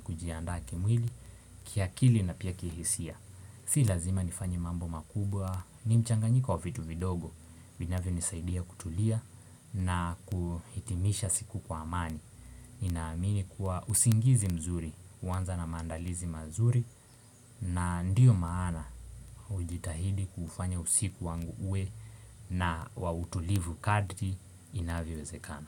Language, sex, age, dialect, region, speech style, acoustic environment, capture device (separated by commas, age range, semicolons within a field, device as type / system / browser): Swahili, male, 18-24, Kenya - Mombasa, Kenya, focused, appliances, desktop / Windows / Chrome